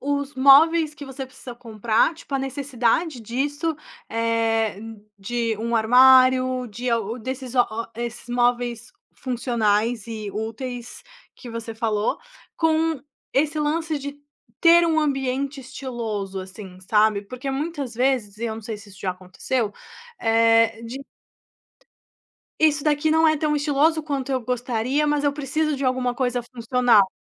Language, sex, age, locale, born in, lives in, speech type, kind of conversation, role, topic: Portuguese, female, 25-29, pt-BR, Brazil, Italy, conversation, podcast, host, Como planejar espaços pequenos sem perder estilo?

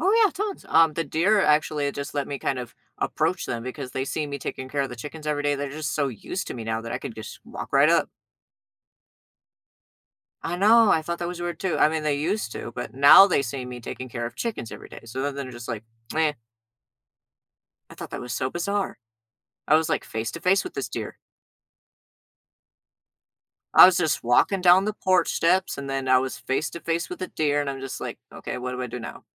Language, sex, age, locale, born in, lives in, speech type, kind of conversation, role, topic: English, male, 30-34, en-US, United States, United States, conversation, unstructured, none, Would you rather always have perfect weather or perfect health?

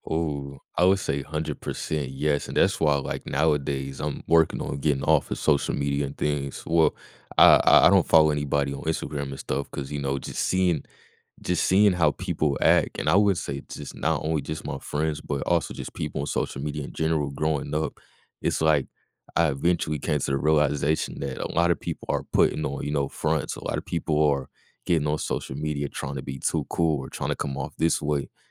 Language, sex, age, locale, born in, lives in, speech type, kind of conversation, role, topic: English, male, 20-24, en-US, United States, United States, conversation, podcast, guest, How does social media change the way we make friends?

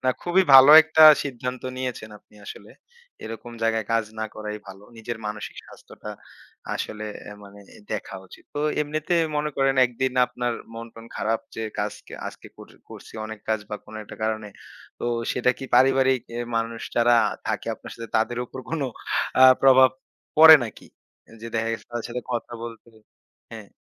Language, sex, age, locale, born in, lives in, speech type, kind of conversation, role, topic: Bengali, male, 25-29, bn-BD, Bangladesh, Bangladesh, conversation, podcast, host, আপনি কাজ আর ব্যক্তিগত জীবনের মধ্যে ভারসাম্য কীভাবে বজায় রাখেন?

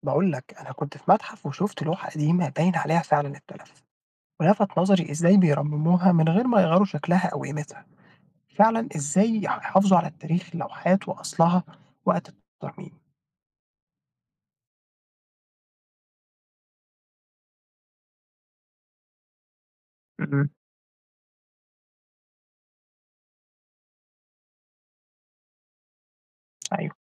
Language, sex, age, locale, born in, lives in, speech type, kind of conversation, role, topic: Arabic, male, 20-24, ar-EG, Egypt, Egypt, read, expert-assistant, asker, لو في لوحة تاريخية اتعرضت للتلف بسبب الرطوبة أو الإضاءة، إزاي بيرجعوا يرمموها من غير ما يفقدوا قيمتها الأصلية؟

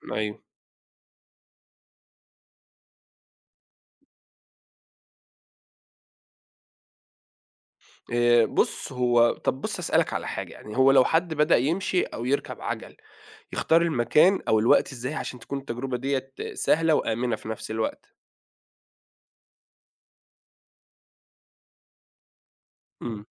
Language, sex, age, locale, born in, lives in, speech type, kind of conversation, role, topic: Arabic, male, 30-34, ar-EG, Saudi Arabia, Egypt, read, expert-assistant, asker, لما تدور على نشاط يجمع بين الراحة والحركة في الطبيعة، تعتقد المشي في الجبال أفضل ولا التجديف في النيل؟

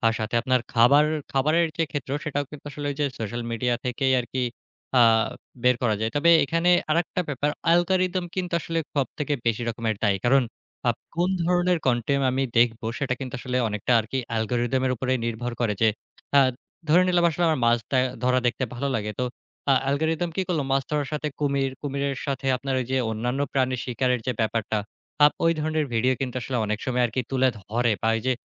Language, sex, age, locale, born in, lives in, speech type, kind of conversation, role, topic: Bengali, male, 25-29, bn-BD, Bangladesh, Bangladesh, conversation, podcast, guest, সোশ্যাল মিডিয়া প্রভাবকদের দায়িত্ব কেমন হওয়া উচিত?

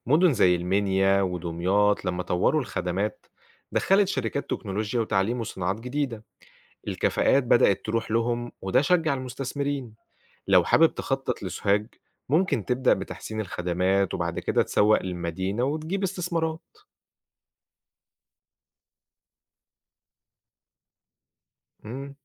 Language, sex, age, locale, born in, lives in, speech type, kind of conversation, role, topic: Arabic, male, 25-29, ar-EG, Egypt, Egypt, read, expert-assistant, expert, لو في مدينة مش بتتقدم اقتصاديًا، أبدأ أدور على المشكلة منين؟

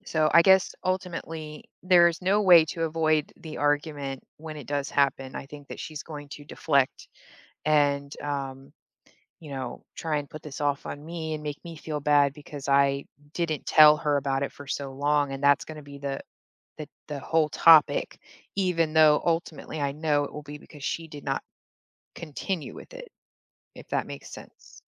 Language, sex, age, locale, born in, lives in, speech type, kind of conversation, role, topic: English, female, 35-39, en-US, Germany, United States, conversation, advice, user, How can I express disagreement without starting an argument?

